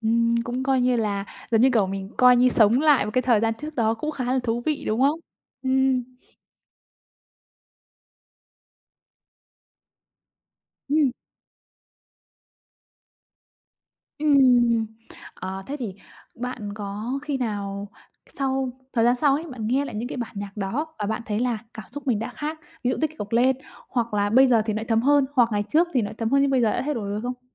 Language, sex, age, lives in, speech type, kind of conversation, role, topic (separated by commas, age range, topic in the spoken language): Vietnamese, female, 25-29, Vietnam, conversation, podcast, host, Thể loại nhạc nào chạm đến bạn nhất, và vì sao?